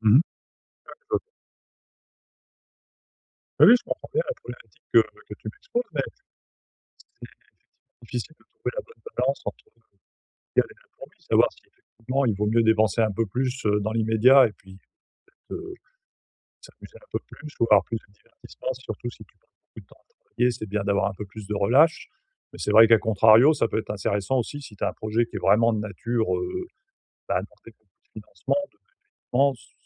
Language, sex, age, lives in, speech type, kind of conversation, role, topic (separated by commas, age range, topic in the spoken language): French, male, 45-49, France, conversation, advice, advisor, Comment épargner pour l’avenir tout en profitant du présent ?